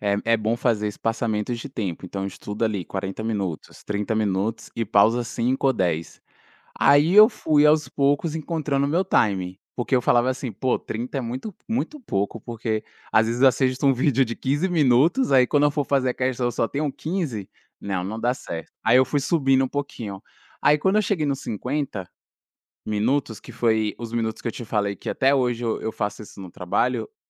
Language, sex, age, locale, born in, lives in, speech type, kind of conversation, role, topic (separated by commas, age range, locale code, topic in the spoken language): Portuguese, male, 25-29, pt-BR, Brazil, France, conversation, podcast, guest, Como incorporar pausas conscientes no trabalho ou estudo?